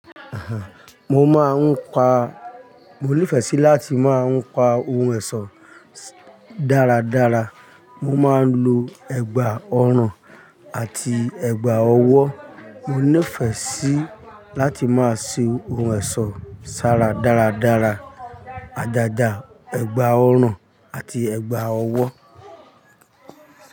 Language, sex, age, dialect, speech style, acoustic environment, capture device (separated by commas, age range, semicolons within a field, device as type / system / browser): Yoruba, male, 45-54, Nigeria - Ibadan, happy, people talking, mobile / Linux / Chrome